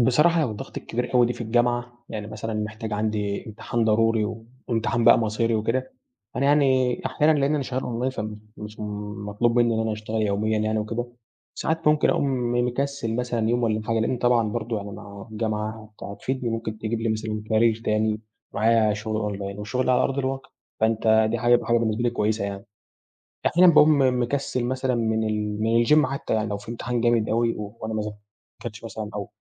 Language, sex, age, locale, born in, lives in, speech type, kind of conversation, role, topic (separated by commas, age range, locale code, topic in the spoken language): Arabic, male, 18-19, ar-EG, Egypt, Egypt, conversation, podcast, guest, إزاي بتعرف توازن بجد بين الشغل والراحة؟